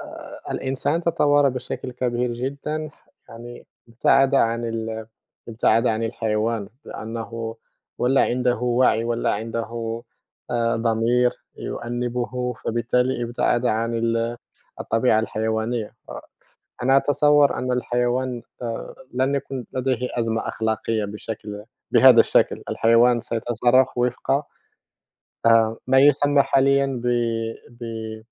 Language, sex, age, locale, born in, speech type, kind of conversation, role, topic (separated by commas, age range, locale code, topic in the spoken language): Arabic, male, 30-34, ar-MA, Morocco, conversation, unstructured, none, إيه دور الفلسفة في تشكيل القيم الاجتماعية؟